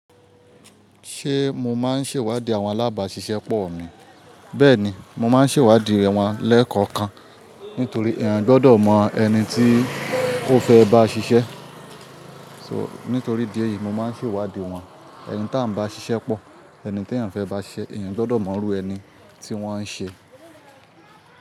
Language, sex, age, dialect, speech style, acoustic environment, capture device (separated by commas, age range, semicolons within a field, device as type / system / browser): Yoruba, male, 25-34, Nigeria - Ibadan, focused, traffic; people talking, mobile / Linux / Chrome